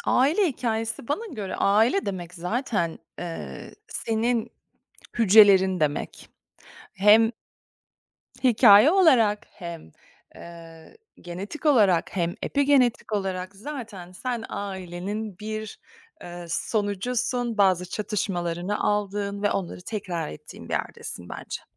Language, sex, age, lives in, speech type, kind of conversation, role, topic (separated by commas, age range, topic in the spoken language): Turkish, female, 45-49, United States, conversation, podcast, guest, Aile hikâyeleri kimliğini nasıl besliyor, birini anlatır mısın?